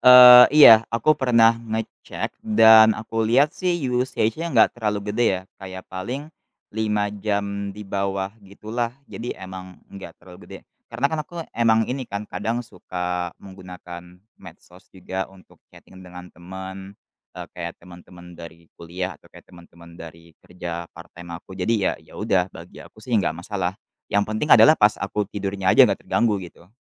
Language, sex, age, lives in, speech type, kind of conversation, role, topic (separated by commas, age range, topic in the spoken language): Indonesian, male, 20-24, Indonesia, conversation, podcast, guest, Apa pengalamanmu menjalani detoks digital atau istirahat dari layar?